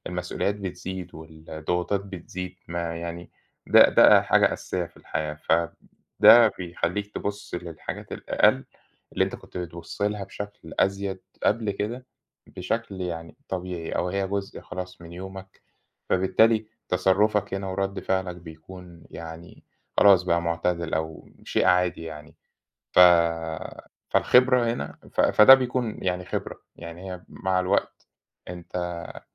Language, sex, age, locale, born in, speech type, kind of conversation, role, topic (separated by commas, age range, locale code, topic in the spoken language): Arabic, male, 30-34, ar-EG, Egypt, conversation, unstructured, none, إزاي تتعامل مع الخلافات في الشغل بشكل فعّال؟